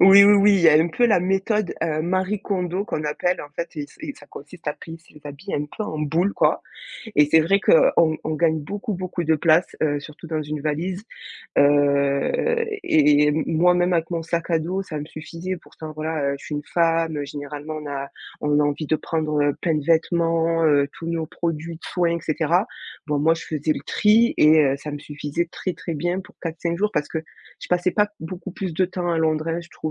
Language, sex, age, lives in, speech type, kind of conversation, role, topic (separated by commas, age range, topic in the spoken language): French, female, 25-29, France, conversation, podcast, guest, Quelles astuces pour voyager avec un petit budget ?